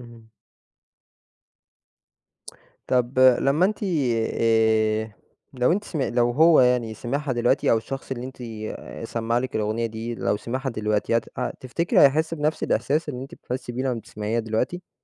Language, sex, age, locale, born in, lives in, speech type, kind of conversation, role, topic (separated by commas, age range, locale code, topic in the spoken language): Arabic, male, 20-24, ar-EG, Egypt, Egypt, conversation, podcast, host, إيه أول أغنية حبيتها ومش قادر تنساها لحد دلوقتي؟